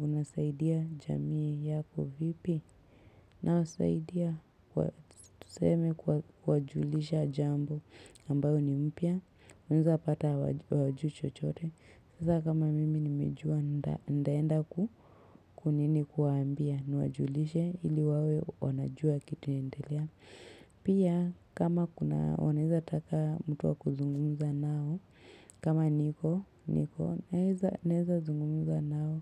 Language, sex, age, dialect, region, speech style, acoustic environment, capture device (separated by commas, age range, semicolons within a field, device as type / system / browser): Swahili, female, 25-34, Kenya - Nairobi Sheng-influenced, Kenya, happy, silence, mobile / Linux / Chrome